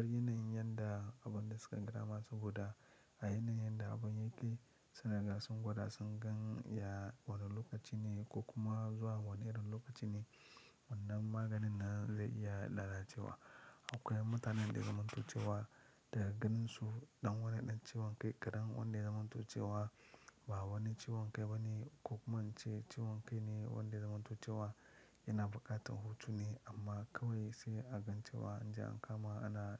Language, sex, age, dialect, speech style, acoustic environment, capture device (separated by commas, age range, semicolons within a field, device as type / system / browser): Hausa, male, 25-34, Nigeria - Lagos Yoruba, excited; happy, silence, mobile / Linux / Chrome